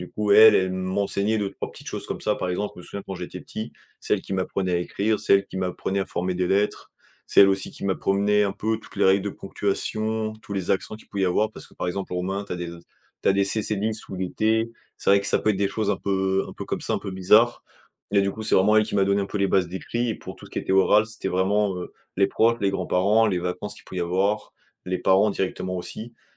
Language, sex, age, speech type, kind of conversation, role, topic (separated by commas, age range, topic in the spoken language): French, male, 20-24, conversation, podcast, guest, Comment favoriser l’inclusion des nouveaux arrivants ?